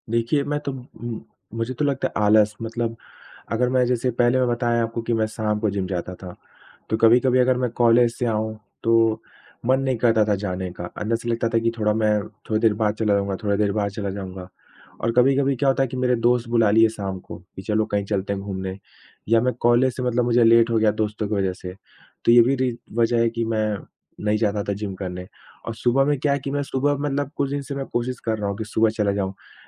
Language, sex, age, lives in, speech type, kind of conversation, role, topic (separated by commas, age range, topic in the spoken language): Hindi, male, 25-29, India, conversation, advice, user, नियमित व्यायाम जारी रखने के लिए प्रेरणा की कमी